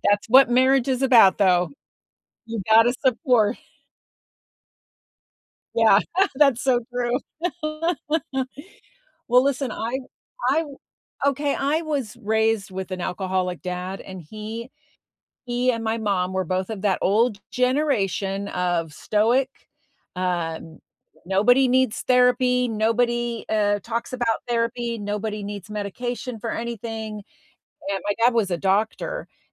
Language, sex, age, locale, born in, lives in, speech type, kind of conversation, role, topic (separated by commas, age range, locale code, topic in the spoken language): English, female, 60-64, en-US, United States, United States, conversation, unstructured, none, What stops people from asking for help when they need it?